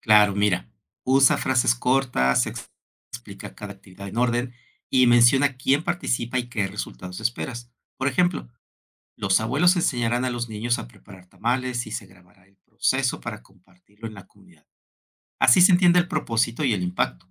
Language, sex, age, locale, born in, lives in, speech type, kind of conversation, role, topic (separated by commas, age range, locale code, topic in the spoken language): Spanish, male, 55-59, es-MX, Mexico, Mexico, read, expert-assistant, expert, ¿Qué soluciones prácticas existen para proteger las culturas tradicionales ante la influencia global?